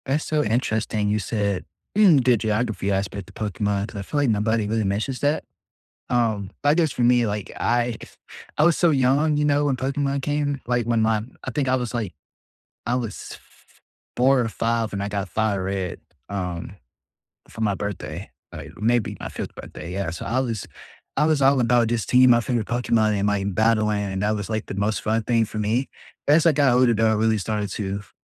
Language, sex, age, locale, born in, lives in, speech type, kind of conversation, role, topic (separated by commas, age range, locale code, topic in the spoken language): English, male, 20-24, en-US, United States, United States, conversation, unstructured, none, Which classic or childhood video games do you still replay just for nostalgia and fun, and what keeps you coming back to them?